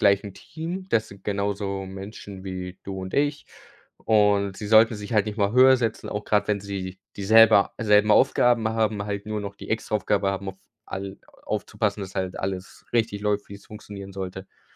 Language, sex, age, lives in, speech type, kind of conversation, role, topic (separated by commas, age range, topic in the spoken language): German, male, 18-19, Germany, conversation, unstructured, none, Was war dein erster Job, und was hast du daraus gelernt?